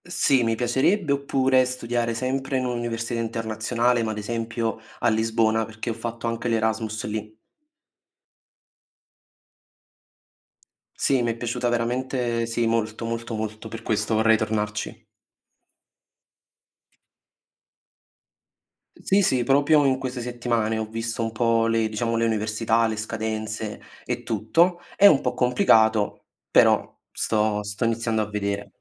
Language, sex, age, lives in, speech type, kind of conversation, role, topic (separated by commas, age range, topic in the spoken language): Italian, male, 20-24, Italy, conversation, unstructured, none, Qual è il sogno che ti motiva di più ogni giorno?